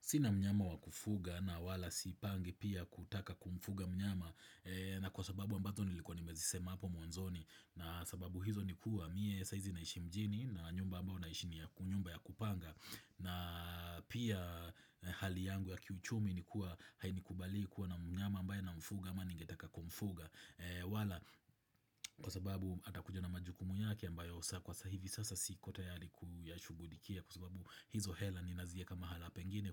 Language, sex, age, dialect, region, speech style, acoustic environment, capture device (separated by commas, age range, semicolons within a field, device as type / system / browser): Swahili, male, 25-34, Kenya - Nairobi Sheng-influenced, Kenya, happy, appliances, desktop / Windows / Chrome